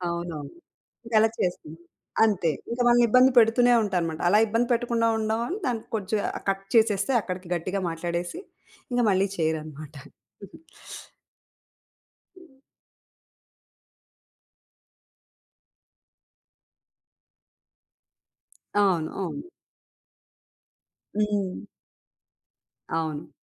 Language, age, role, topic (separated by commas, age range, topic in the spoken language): Telugu, 35-39, guest, ఫోన్‌ కాల్‌లలో మంచి స్వరంతో మాట్లాడడానికి మీరు ఏమి సూచిస్తారు?